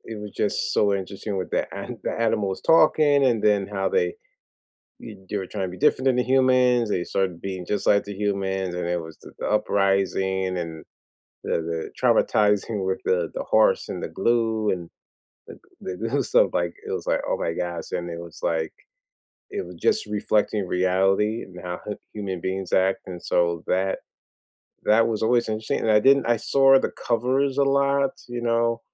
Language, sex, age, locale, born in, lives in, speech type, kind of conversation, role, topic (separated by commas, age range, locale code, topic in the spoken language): English, male, 40-44, en-US, United States, United States, conversation, unstructured, none, Which school-assigned book has stayed with you, and why does it still resonate?